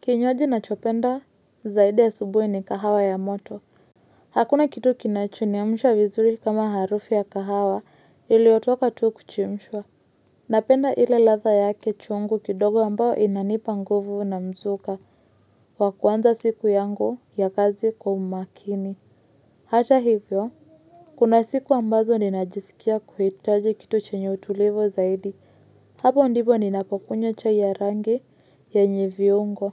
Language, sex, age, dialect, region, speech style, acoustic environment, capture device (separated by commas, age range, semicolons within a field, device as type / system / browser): Swahili, female, 25-34, Kenya - Nairobi Sheng-influenced, Kenya, focused, silence, mobile / Linux / Chrome